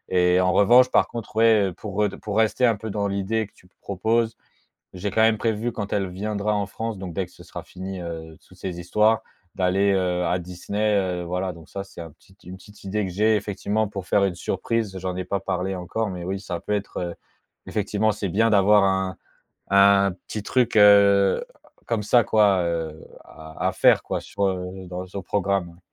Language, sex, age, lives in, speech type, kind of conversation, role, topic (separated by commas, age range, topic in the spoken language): French, male, 25-29, France, conversation, advice, user, Pourquoi ma relation à distance est-elle devenue difficile ?